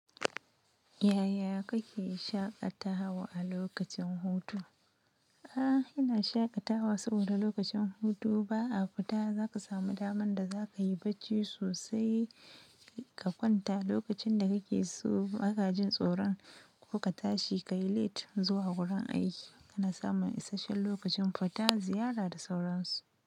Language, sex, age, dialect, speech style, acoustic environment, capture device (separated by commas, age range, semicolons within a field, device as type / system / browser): Hausa, female, 25-34, Nigeria - Nigerian Standard, happy; excited, silence, mobile / Linux / Chrome